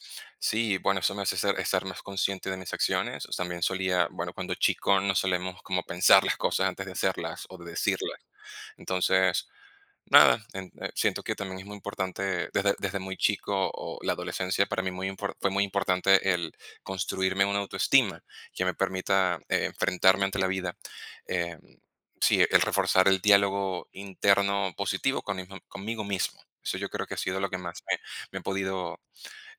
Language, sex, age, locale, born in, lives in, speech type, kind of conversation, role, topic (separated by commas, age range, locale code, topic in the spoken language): Spanish, male, 30-34, es-VE, Venezuela, Spain, conversation, unstructured, none, ¿Qué hábito te ha ayudado más a mejorar como persona?